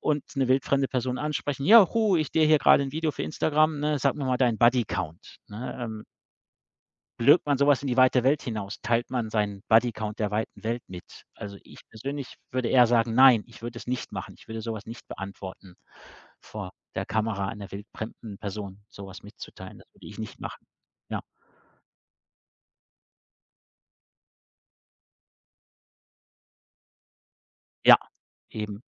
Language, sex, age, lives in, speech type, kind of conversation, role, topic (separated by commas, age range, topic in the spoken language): German, male, 45-49, Germany, conversation, podcast, guest, Wie findest du den Mut, sehr Persönliches zu teilen?